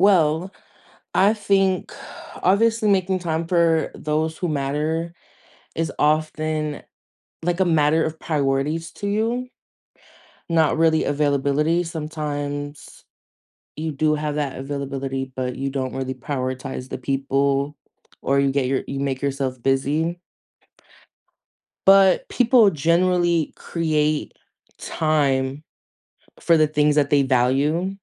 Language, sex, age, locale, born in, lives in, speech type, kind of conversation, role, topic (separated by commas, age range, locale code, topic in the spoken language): English, female, 20-24, en-US, United States, United States, conversation, unstructured, none, How do you make time for what—and who—matters most in everyday life?